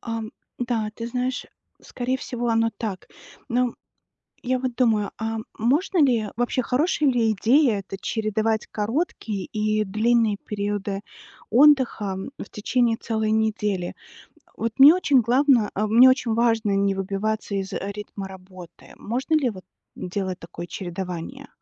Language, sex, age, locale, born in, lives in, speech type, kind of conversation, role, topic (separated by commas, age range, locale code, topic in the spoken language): Russian, female, 40-44, ru-RU, Belarus, United States, read, expert-assistant, asker, Каким образом можно разумно чередовать работу и отдых, чтобы тело чувствовало себя лучше?